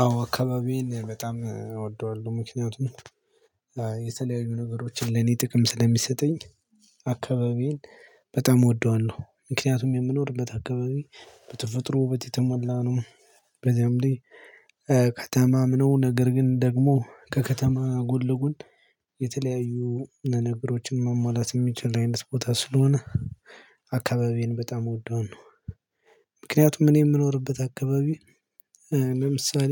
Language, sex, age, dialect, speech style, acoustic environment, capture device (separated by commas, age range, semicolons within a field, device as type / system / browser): Amharic, male, 25-34, Ethiopia - Gojjam, happy, silence, mobile / Linux / Chrome